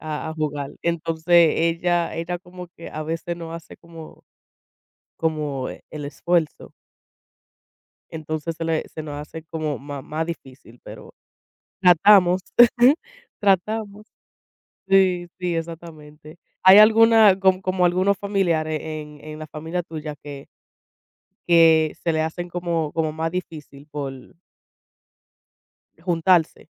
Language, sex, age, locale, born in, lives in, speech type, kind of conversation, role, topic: Spanish, female, 25-29, es-US, United States, United States, conversation, unstructured, none, ¿Qué actividades disfrutas hacer con tu familia?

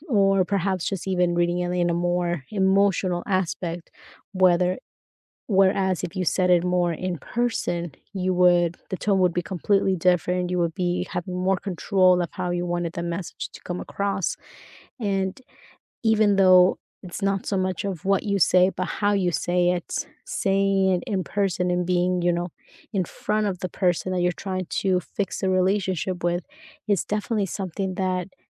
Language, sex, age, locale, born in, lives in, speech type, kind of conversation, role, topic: English, female, 30-34, en-US, United States, United States, conversation, unstructured, none, What helps you navigate disagreements with friends in ways that protect and even strengthen your bond?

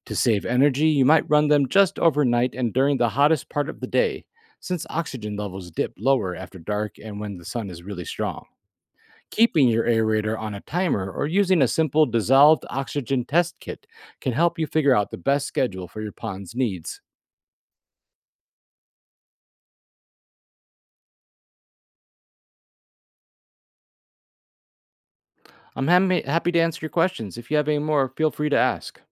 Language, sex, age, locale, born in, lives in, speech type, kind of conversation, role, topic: English, male, 40-44, en-US, United States, United States, read, expert-assistant, expert, How do fish get the oxygen they need to survive in water?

